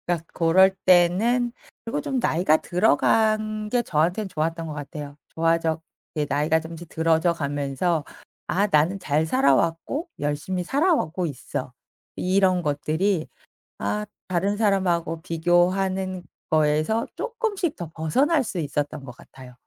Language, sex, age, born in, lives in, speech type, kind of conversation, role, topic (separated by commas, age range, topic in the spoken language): Korean, female, 45-49, South Korea, France, conversation, podcast, guest, 다른 사람과 비교될 때 마음을 어떻게 다잡으시나요?